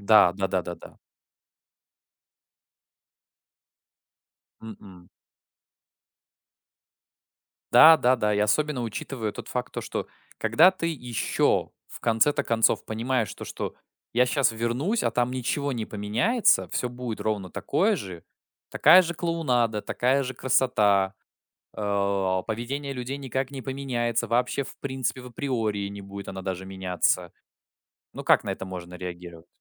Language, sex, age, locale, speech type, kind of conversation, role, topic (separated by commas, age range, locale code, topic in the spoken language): Russian, male, 20-24, ru-RU, conversation, podcast, guest, Что, по‑твоему, помогает не выгореть на работе?